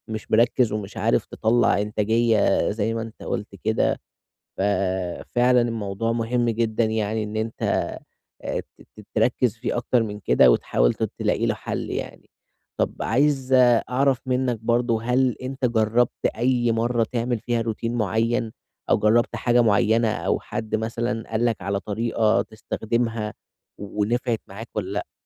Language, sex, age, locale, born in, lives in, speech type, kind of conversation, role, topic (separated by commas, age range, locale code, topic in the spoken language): Arabic, male, 20-24, ar-EG, Egypt, Egypt, conversation, advice, advisor, إزاي أقدر أبني روتين يومي يساعدني أتعامل مع إحساس عدم اليقين بسبب أخبار العالم؟